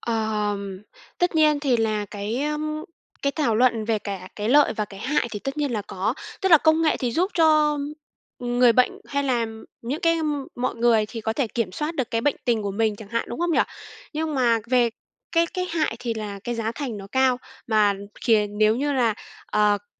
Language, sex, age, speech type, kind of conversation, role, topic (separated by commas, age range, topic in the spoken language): Vietnamese, female, 25-29, conversation, podcast, guest, Công nghệ sẽ giúp người cao tuổi như thế nào trong tương lai?